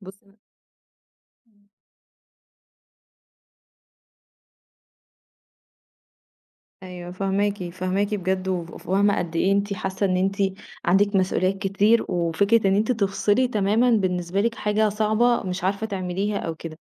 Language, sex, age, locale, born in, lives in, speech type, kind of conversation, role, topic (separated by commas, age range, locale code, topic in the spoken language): Arabic, female, 30-34, ar-EG, Egypt, Egypt, conversation, advice, advisor, ليه ببالغ في نقدي لنفسي وبفضل أأنّب ضميري طول الوقت؟